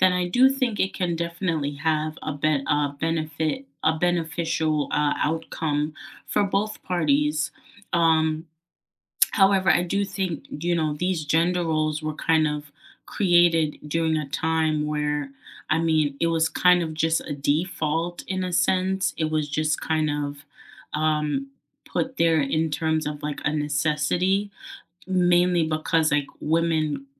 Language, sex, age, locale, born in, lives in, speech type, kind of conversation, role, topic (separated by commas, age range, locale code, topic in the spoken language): English, female, 25-29, en-US, United States, United States, conversation, unstructured, none, Will traditional gender roles help relationship stability or hinder equality and growth?